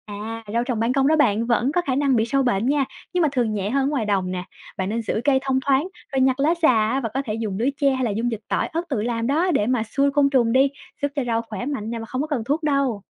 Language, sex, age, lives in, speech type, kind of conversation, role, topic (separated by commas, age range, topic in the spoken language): Vietnamese, female, 25-29, Vietnam, read, expert-assistant, expert, Tôi nên bắt đầu từ đâu nếu muốn tự trồng và chế biến thực phẩm tại nhà một cách hiệu quả?